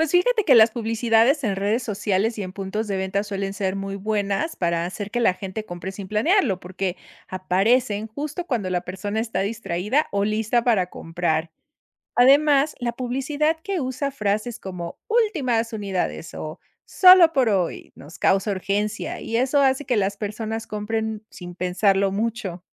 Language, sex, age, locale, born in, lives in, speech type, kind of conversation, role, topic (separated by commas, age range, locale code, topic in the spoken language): Spanish, female, 50-54, es-MX, Mexico, Mexico, read, expert-assistant, expert, Describe el impacto de la publicidad en las decisiones de consumo.